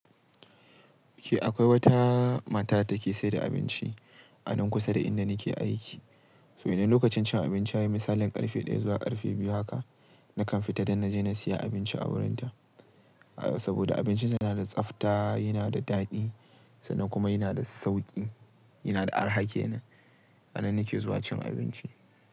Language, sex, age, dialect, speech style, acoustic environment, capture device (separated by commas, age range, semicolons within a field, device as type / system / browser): Hausa, male, 18-24, Nigeria - Kano, relaxed, nature; appliances, mobile / Linux / Chrome